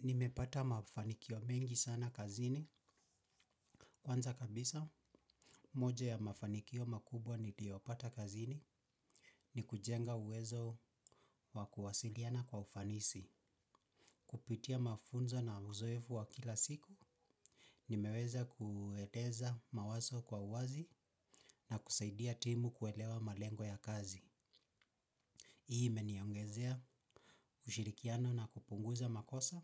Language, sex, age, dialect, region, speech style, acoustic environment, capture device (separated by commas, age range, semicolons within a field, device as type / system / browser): Swahili, male, 25-34, Kenya - Nairobi Sheng-influenced, Kenya, focused, silence, mobile / Linux / Chrome